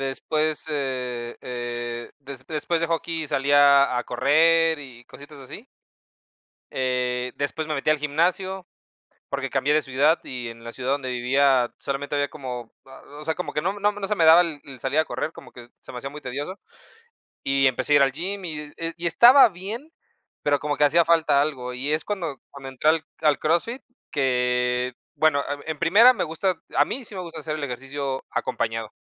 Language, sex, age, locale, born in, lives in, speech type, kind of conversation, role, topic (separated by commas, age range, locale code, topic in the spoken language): Spanish, male, 30-34, es-MX, Mexico, Mexico, conversation, unstructured, none, ¿Cómo influye el ejercicio en tu estado de ánimo diario?